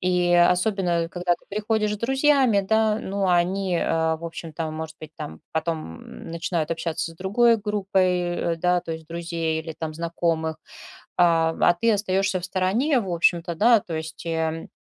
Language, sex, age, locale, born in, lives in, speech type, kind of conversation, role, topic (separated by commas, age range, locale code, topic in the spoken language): Russian, female, 40-44, ru-RU, Russia, Spain, conversation, advice, advisor, Как справиться с волнением на больших шумных вечеринках?